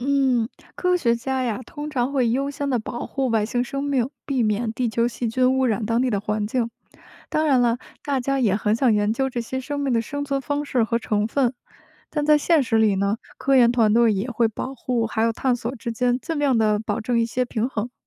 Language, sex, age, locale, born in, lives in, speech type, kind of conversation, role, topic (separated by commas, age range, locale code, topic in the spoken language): Chinese, female, 30-34, zh-CN, China, United States, read, expert-assistant, expert, 什么是系外行星的宜居带，大气层如何被科学家发现？